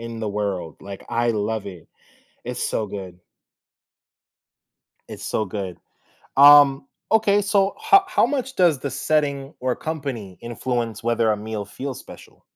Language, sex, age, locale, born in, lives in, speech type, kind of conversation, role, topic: English, male, 25-29, en-US, United States, United States, conversation, podcast, host, How do shared experiences and personal touches make a meal more meaningful?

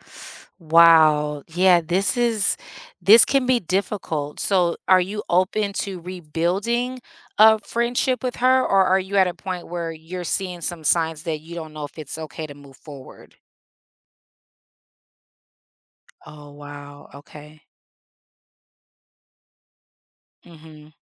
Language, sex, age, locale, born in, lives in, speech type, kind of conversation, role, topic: English, female, 40-44, en-US, United States, United States, conversation, advice, advisor, How can I rebuild trust after an argument?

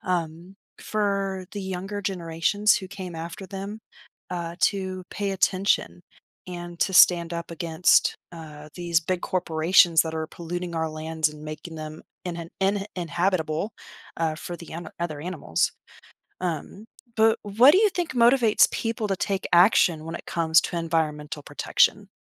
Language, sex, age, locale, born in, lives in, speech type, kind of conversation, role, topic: English, female, 30-34, en-US, United States, United States, conversation, podcast, host, What inspires people to care about the environment and take action?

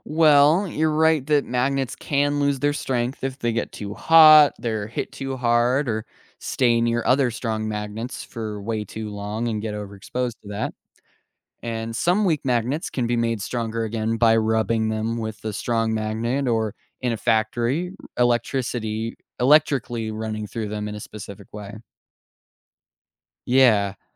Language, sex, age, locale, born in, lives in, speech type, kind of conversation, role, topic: English, male, 18-19, en-US, United States, United States, read, expert-assistant, expert, How do magnets work and what are they used for?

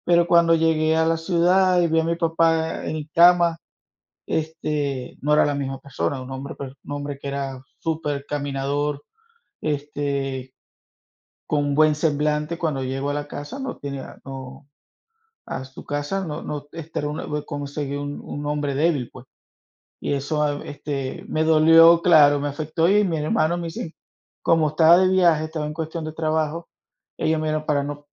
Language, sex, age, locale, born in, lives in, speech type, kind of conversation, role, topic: Spanish, male, 45-49, es-VE, Venezuela, United States, conversation, unstructured, none, ¿Crees que a veces está bien mentir?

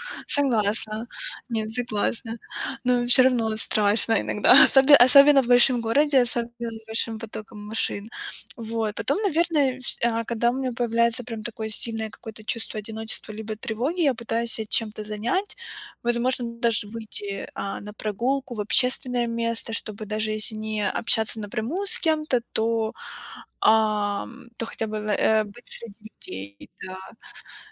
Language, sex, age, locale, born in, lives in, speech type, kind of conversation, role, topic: Russian, female, 25-29, ru-RU, Ukraine, United States, conversation, podcast, guest, Как справиться с одиночеством в большом городе?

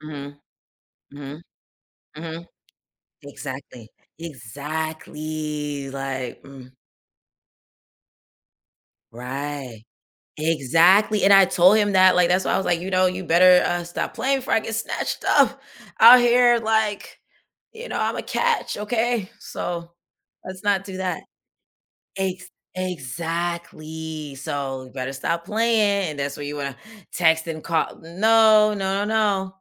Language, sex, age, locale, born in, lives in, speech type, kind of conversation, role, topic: English, female, 30-34, en-US, United States, United States, conversation, advice, user, How can I communicate better with my partner during disagreements?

